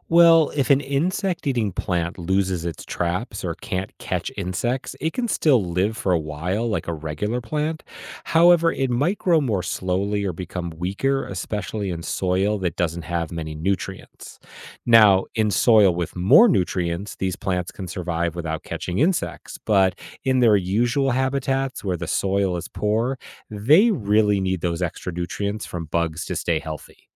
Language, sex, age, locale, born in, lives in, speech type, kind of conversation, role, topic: English, male, 45-49, en-US, United States, United States, read, expert-assistant, expert, Why do some plants eat insects?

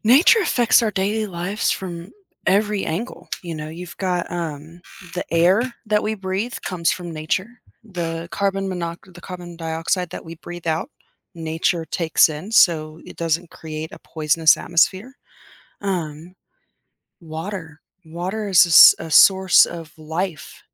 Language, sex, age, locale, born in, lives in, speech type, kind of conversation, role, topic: English, female, 30-34, en-US, United States, United States, conversation, podcast, guest, How does connecting with nature shape our well-being and lifestyle?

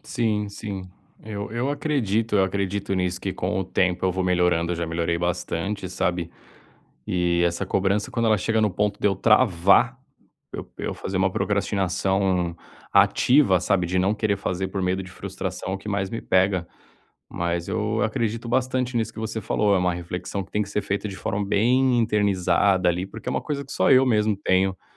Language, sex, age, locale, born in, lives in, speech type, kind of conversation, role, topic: Portuguese, male, 30-34, pt-BR, Brazil, Spain, conversation, advice, user, Como lidar com críticas ao compartilhar rascunhos e continuar criando?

